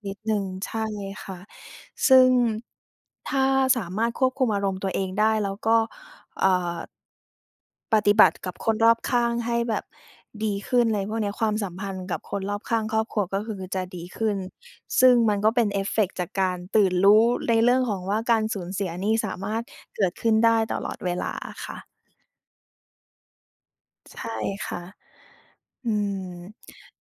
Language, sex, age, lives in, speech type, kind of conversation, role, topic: Thai, female, 30-34, Thailand, conversation, unstructured, none, คุณคิดว่าความตายเปลี่ยนแปลงความสัมพันธ์ของเราอย่างไรบ้าง?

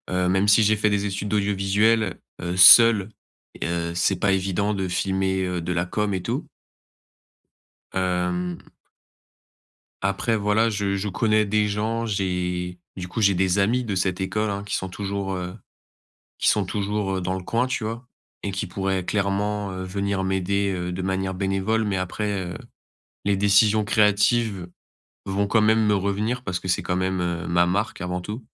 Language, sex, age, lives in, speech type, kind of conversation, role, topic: French, male, 20-24, France, conversation, advice, user, Comment gérer la pression de réussir?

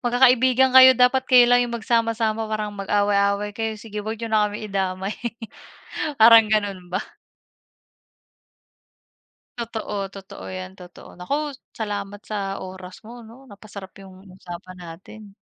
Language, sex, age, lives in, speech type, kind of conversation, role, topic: Filipino, female, 30-34, Philippines, conversation, unstructured, none, Ano ang nararamdaman mo kapag may taong naninira sa likod mo?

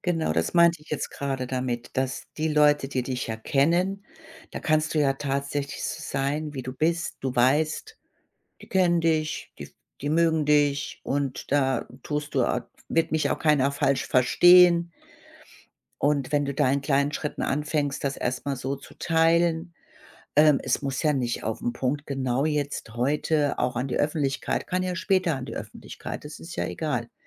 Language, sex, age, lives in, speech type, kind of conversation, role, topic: German, female, 55-59, Germany, conversation, advice, advisor, Wie finde ich die richtige Grenze zwischen meinem privaten Leben und meinen öffentlichen Beiträgen im Internet?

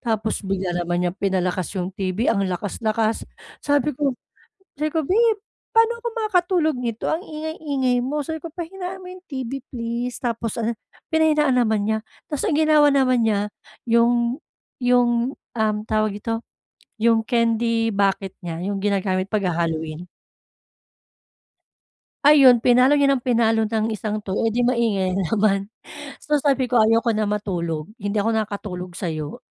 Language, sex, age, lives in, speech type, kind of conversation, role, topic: Filipino, female, 35-39, Philippines, conversation, advice, user, Paano ako makakapagpahinga sa bahay kung maingay?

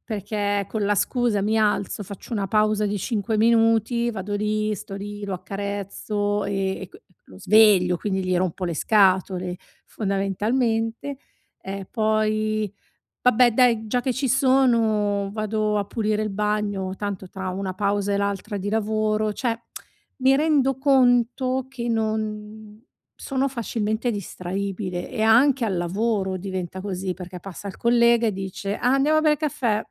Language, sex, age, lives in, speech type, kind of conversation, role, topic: Italian, female, 40-44, Italy, conversation, advice, user, Come posso eliminare le distrazioni per concentrarmi sul lavoro profondo?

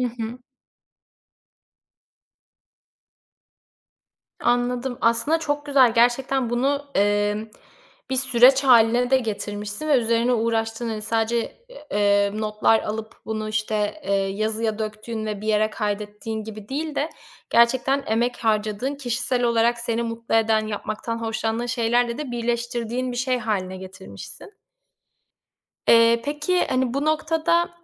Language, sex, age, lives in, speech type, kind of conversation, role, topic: Turkish, female, 25-29, Italy, conversation, podcast, host, Teknolojiyi kullanarak değerleri nasıl aktarırsın?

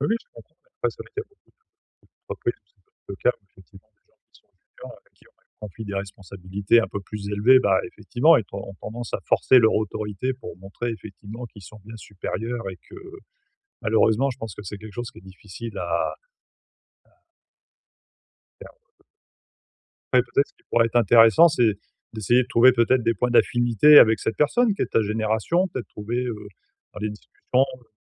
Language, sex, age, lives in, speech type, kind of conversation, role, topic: French, male, 45-49, France, conversation, advice, advisor, Comment gérer mes réactions émotionnelles face à des critiques inutiles ?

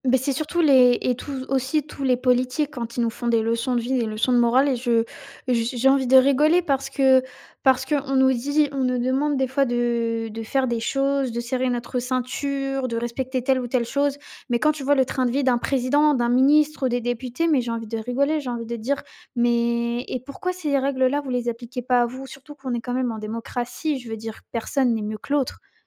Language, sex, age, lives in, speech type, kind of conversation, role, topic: French, female, 18-19, France, conversation, unstructured, none, Comment réagis-tu face à la déforestation massive dans le monde ?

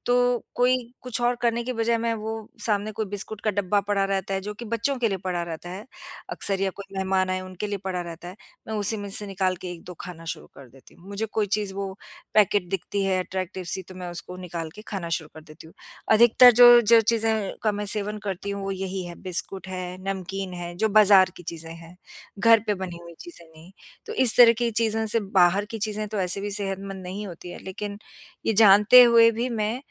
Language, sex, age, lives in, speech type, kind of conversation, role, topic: Hindi, female, 50-54, United States, conversation, advice, user, कब और कैसे आपने खाने या खरीदारी जैसे छोटे-छोटे व्यसनों पर अपना नियंत्रण खो दिया?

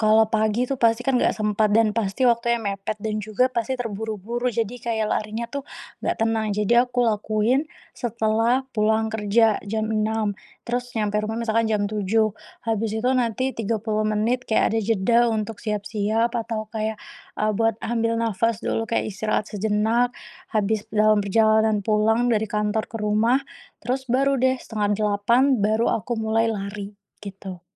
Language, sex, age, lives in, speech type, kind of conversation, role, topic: Indonesian, female, 25-29, Indonesia, conversation, podcast, guest, Bagaimana kamu mengatur waktu antara pekerjaan dan hobi?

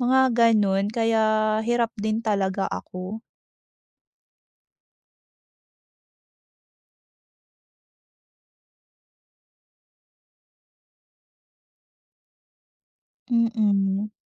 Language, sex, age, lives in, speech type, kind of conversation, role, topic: Filipino, female, 30-34, Philippines, conversation, advice, user, Paano ako magiging mas kumportable sa malalaking pagtitipon kapag nahihiya ako?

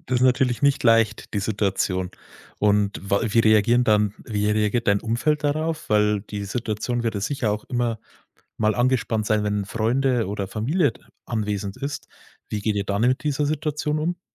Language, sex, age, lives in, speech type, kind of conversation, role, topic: German, male, 30-34, Germany, conversation, advice, advisor, Welcher Konflikt in deiner Partnerschaft verursacht dir besonders viel Stress?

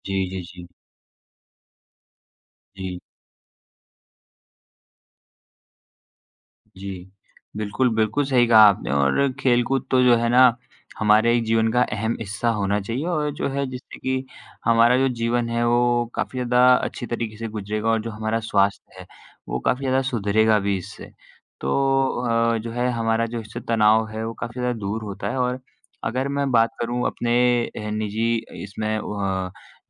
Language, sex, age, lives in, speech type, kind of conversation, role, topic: Hindi, male, 20-24, India, conversation, unstructured, none, आप तनाव कम करने के लिए क्या करते हैं?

